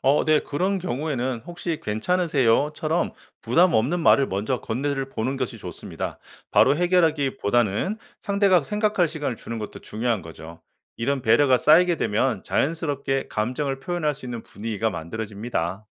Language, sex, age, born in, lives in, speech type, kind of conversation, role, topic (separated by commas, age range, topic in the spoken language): Korean, male, 45-49, South Korea, United States, read, expert-assistant, expert, 해외 파트너와 효과적으로 소통하려면 어떻게 해야 하나요?